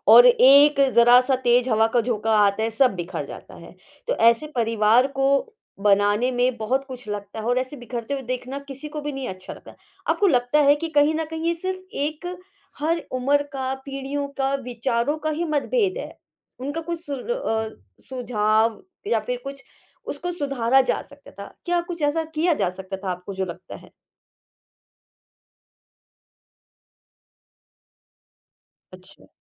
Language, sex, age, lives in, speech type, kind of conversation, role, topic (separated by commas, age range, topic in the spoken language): Hindi, female, 35-39, India, conversation, podcast, host, बड़ी और छोटी पीढ़ियों के बीच होने वाली खटपट को कैसे सुलझाया जा सकता है?